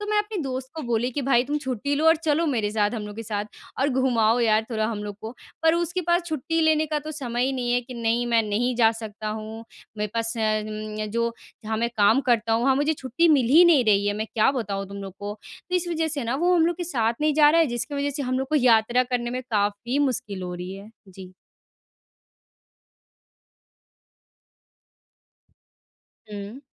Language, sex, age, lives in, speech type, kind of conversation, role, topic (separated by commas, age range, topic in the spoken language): Hindi, female, 20-24, India, conversation, advice, user, दूसरे देश की यात्रा के दौरान भाषा और संवाद की बाधाओं को मैं कैसे संभालूँ?